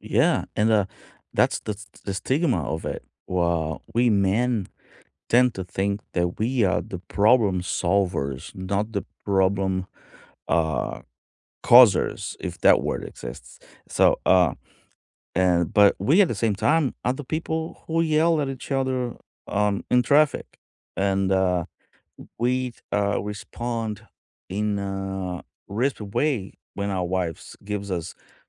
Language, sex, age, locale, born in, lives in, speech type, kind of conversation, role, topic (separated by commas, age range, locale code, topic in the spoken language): English, male, 45-49, en-BR, Brazil, United States, conversation, unstructured, none, What do you think about the stigma around mental health?